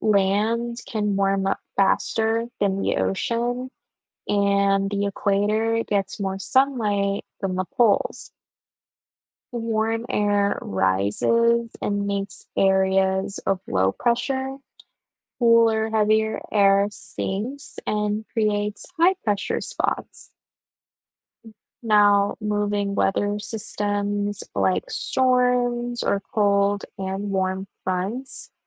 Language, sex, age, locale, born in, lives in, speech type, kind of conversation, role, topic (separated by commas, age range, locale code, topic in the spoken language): English, female, 20-24, en-US, United States, United States, read, expert-assistant, expert, What causes wind to blow in different directions?